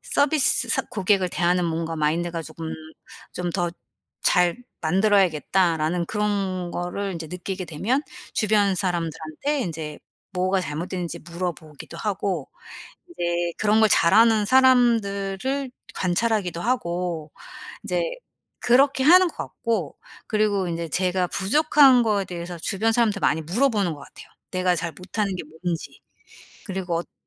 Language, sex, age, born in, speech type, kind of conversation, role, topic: Korean, female, 45-49, South Korea, conversation, podcast, guest, 지금 하시는 일이 당신에게 어떤 의미인가요?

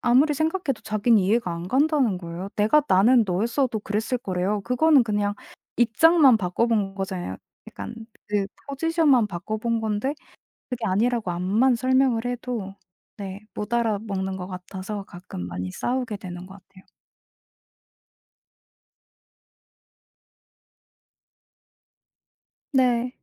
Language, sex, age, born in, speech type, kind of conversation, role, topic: Korean, female, 25-29, South Korea, conversation, advice, user, 연애에서 같은 이유로 반복되는 싸움은 보통 어떤 상황에서 시작되나요?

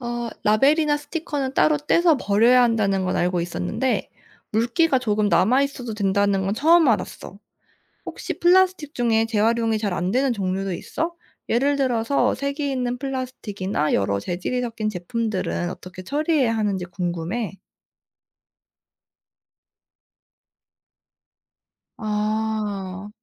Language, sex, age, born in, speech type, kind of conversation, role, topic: Korean, female, 25-29, South Korea, read, expert-assistant, asker, 가정에서 일회용 플라스틱 줄이기가 정말 환경 보호에 효과가 있을까요?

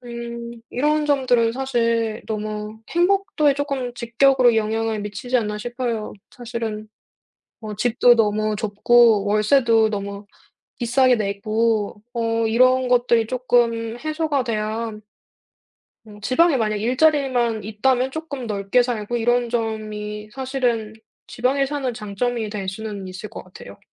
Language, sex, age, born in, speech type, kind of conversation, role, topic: Korean, female, 30-34, South Korea, conversation, unstructured, none, 지역 사회에서 행복을 느꼈던 순간이 있으신가요?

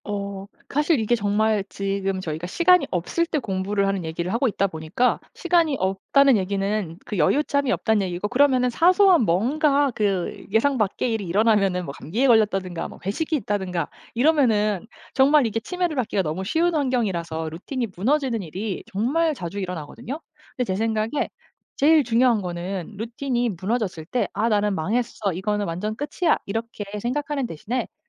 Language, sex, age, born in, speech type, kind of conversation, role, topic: Korean, female, 35-39, South Korea, conversation, podcast, guest, 시간이 없을 때도 공부를 이어가는 방법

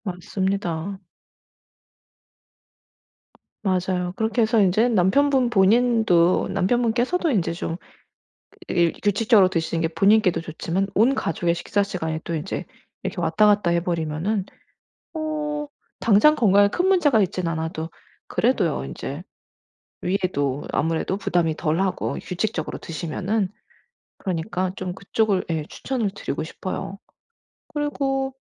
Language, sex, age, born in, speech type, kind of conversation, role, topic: Korean, female, 40-44, United States, conversation, advice, advisor, 규칙적으로 식사하는 습관은 어떻게 만들 수 있을까요?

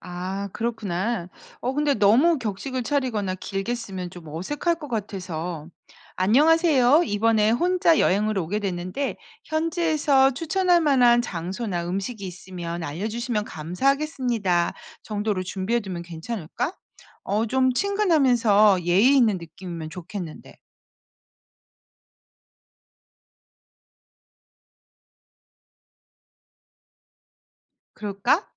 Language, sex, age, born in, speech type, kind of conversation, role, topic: Korean, female, 50-54, South Korea, read, expert-assistant, asker, 여행 중 현지 커뮤니티에 자연스럽게 참여하려면 어떻게 해야 하나요?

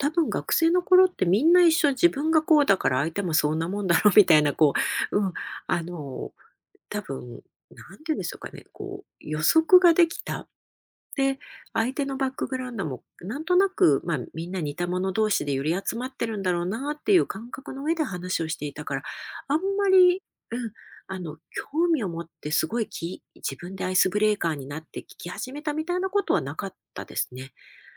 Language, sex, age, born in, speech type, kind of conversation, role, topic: Japanese, female, 50-54, Japan, conversation, podcast, guest, 大人になってから友達を作るコツ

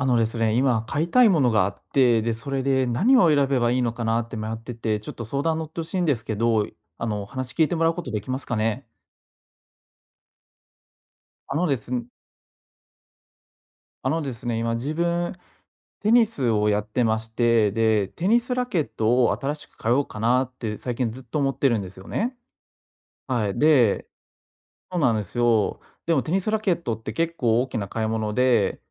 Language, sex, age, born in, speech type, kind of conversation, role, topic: Japanese, male, 25-29, Japan, conversation, advice, user, 買い物でどれを選べばいいか迷ったとき、どうすれば決められますか？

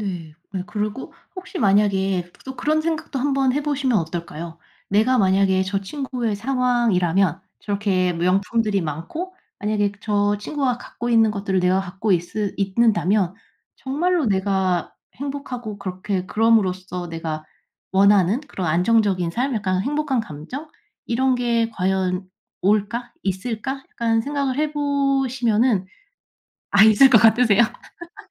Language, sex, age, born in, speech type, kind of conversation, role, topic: Korean, female, 35-39, South Korea, conversation, advice, advisor, 남의 물질적 성취와 자꾸 비교될 때 어떻게 자존감을 지킬 수 있을까요?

